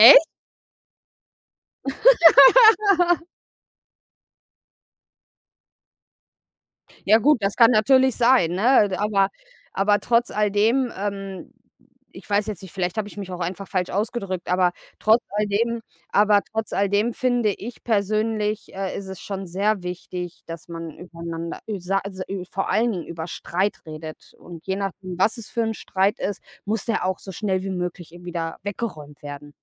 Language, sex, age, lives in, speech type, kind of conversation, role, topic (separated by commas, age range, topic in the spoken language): German, female, 30-34, Germany, conversation, unstructured, none, Wie gehst du mit Streit in der Familie um?